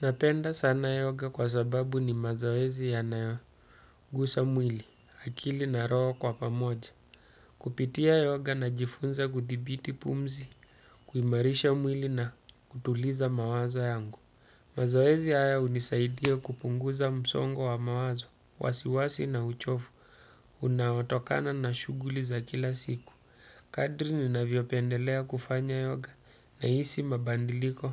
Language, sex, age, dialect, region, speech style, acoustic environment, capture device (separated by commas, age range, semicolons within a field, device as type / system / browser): Swahili, male, 35-44, Kenya - Mombasa, Kenya, focused, silence, desktop / Windows / Chrome